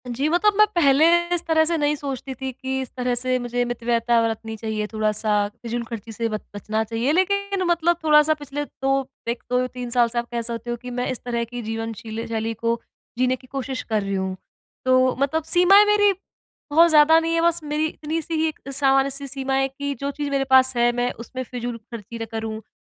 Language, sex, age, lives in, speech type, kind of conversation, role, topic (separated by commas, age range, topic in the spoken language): Hindi, female, 25-29, India, conversation, advice, user, दोस्तों और सोशल मीडिया की तुलना के दबाव में रहते हुए मैं अपने मूल्यों और उपभोक्तावाद के बीच संतुलन कैसे बना सकता/सकती हूँ?